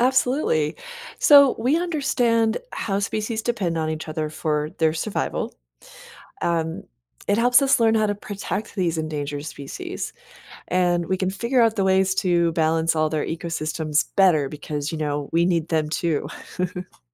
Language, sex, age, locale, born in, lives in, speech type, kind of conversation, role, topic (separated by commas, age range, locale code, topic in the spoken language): English, female, 45-49, en-US, United States, United States, read, expert-assistant, expert, What are the different types of animal symbiosis and how do they benefit organisms?